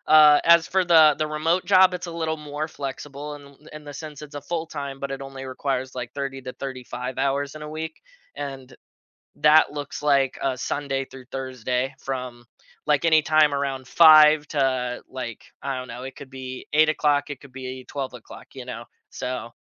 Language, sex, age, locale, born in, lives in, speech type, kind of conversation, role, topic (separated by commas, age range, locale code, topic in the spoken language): English, male, 20-24, en-US, United States, United States, conversation, advice, user, How can I better balance my work responsibilities with my personal life?